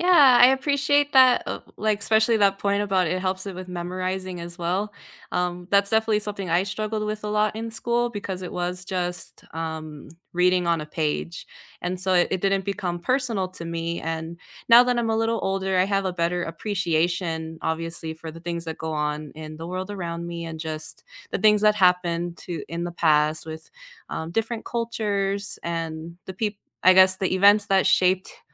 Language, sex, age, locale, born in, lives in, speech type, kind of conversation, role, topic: English, female, 25-29, en-US, United States, United States, conversation, unstructured, none, How do you like to learn about history, and how does it help you feel connected?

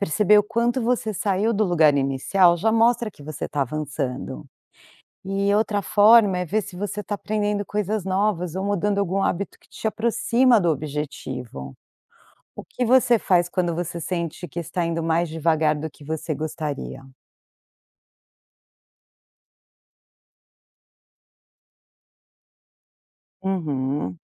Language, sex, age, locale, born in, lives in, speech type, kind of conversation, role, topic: Portuguese, female, 45-49, pt-BR, Brazil, United States, read, expert-assistant, expert, Por que é importante estabelecer metas realistas?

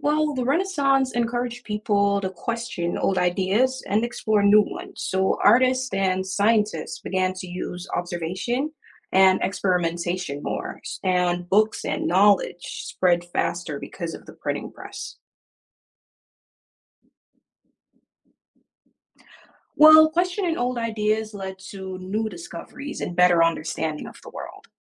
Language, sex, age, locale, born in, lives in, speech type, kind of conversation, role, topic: English, male, 25-29, en-US, Jamaica, United States, read, expert-assistant, expert, What role did the Renaissance play in the development of science?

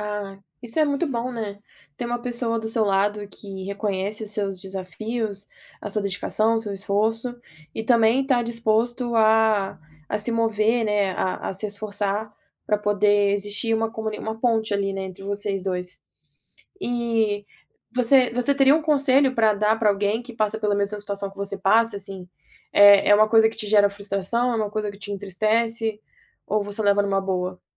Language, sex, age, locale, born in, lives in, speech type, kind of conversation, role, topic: Portuguese, female, 25-29, pt-BR, Brazil, United States, conversation, podcast, host, Que papel tem a língua da sua família na sua identidade?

